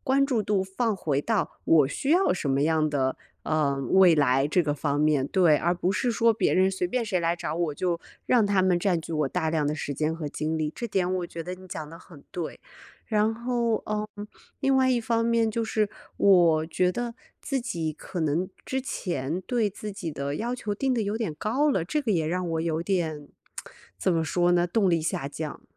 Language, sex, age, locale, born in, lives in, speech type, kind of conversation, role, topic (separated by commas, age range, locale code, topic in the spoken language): Chinese, female, 30-34, zh-CN, China, United States, conversation, advice, user, 为什么我在追求长期目标的过程中会逐渐失去动力？